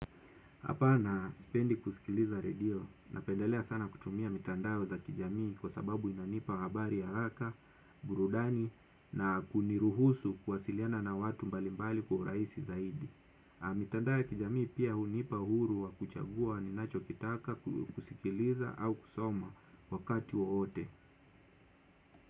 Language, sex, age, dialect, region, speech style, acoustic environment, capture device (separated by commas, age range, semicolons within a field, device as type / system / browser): Swahili, male, 25-34, Kenya - Mombasa, Kenya, nervous, silence, desktop / Windows / Chrome